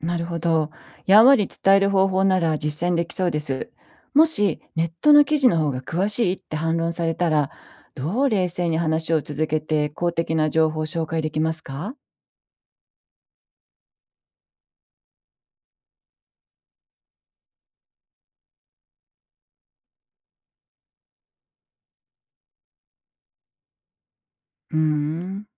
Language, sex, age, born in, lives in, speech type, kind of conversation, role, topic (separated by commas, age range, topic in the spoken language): Japanese, female, 55-59, Japan, Japan, read, expert-assistant, asker, 「ニュースで食の安全問題を見かけますが、自分で正しい知識を身につけるには、どんな方法が効果的ですか？」